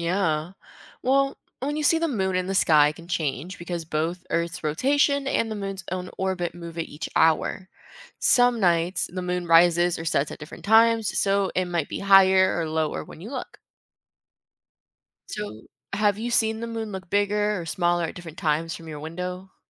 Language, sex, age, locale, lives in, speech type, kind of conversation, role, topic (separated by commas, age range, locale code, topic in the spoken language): English, female, 20-24, en-US, United States, read, expert-assistant, expert, What causes the phases of the moon?